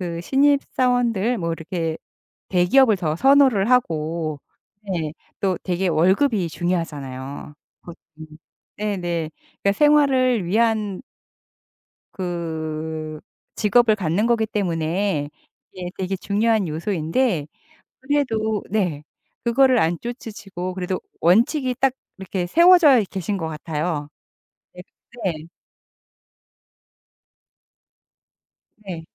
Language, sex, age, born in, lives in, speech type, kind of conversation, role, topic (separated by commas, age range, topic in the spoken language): Korean, female, 55-59, South Korea, United States, conversation, podcast, host, 일을 선택할 때 가장 중요하게 생각하는 기준은 무엇인가요?